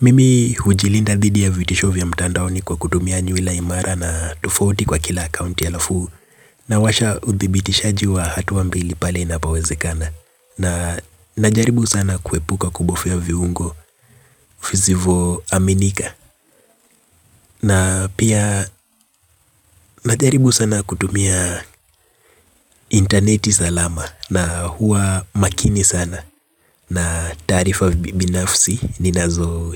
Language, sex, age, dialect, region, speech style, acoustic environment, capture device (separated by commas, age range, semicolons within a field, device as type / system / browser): Swahili, male, 25-34, Kenya - Nairobi Sheng-influenced, Kenya, relaxed, nature, mobile / Linux / Chrome